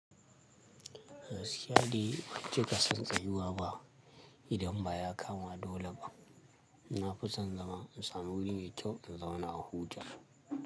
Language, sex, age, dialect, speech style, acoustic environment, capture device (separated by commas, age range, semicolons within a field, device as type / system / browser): Hausa, male, 25-34, Nigeria - Kano, happy, nature, mobile / Linux / Chrome